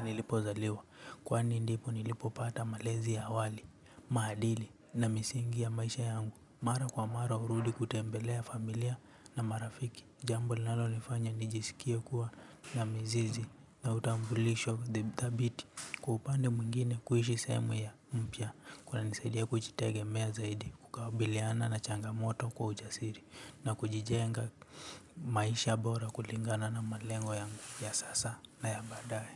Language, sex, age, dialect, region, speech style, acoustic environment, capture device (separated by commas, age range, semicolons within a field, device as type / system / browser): Swahili, male, 18-24, Kenya - Nairobi Sheng-influenced, Kenya, relaxed, nature, mobile / Linux / Chrome